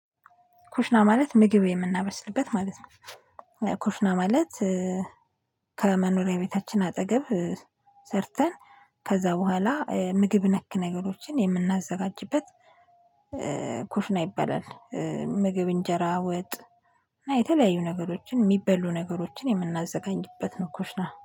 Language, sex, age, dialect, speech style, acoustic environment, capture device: Amharic, female, 25-34, Ethiopia - Addis Ababa, relaxed, silence, mobile / Linux / Chrome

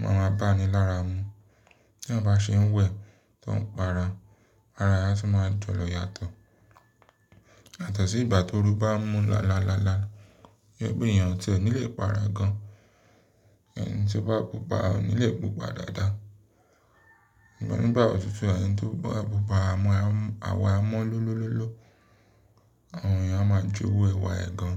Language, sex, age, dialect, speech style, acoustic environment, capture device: Yoruba, male, 25-34, Nigeria - Lagos Yoruba, relaxed, silence, mobile / Linux / Chrome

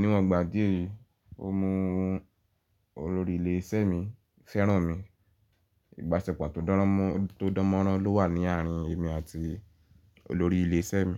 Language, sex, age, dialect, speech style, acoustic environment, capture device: Yoruba, male, 18-24, Nigeria - Ibadan, nervous; focused, silence, mobile / Linux / Chrome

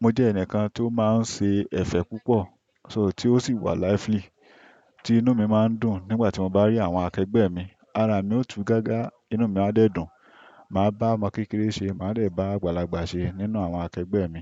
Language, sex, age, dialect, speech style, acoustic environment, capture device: Yoruba, male, 25-34, Nigeria - Ibadan, happy; focused; relaxed, silence, desktop / Windows / Chrome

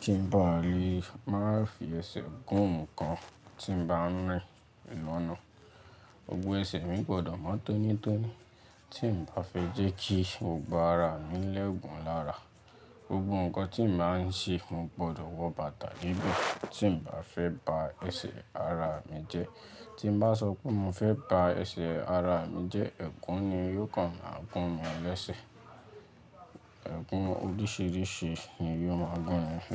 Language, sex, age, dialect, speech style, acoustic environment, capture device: Yoruba, male, 18-24, Nigeria - Lagos Yoruba, relaxed, nature, mobile / Linux / Chrome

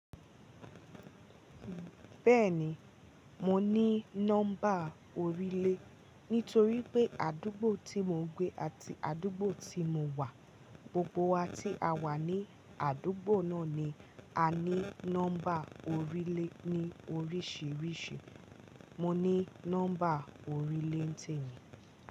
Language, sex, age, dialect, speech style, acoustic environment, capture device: Yoruba, female, 18-24, Nigeria - Ibadan, happy, nature, mobile / Linux / Chrome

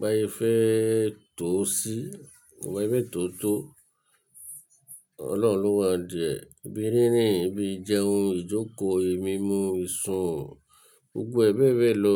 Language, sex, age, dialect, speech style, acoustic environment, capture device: Yoruba, male, 35-44, Nigeria - Lagos Yoruba, relaxed, silence; nature, mobile / Linux / Chrome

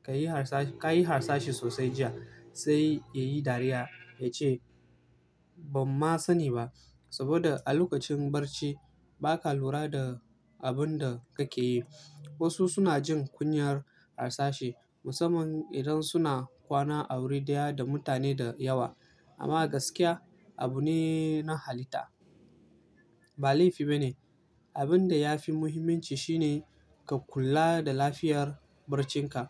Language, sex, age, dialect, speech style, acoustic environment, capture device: Hausa, male, 18-24, Nigeria - Kano, relaxed, nature, mobile / Linux / Chrome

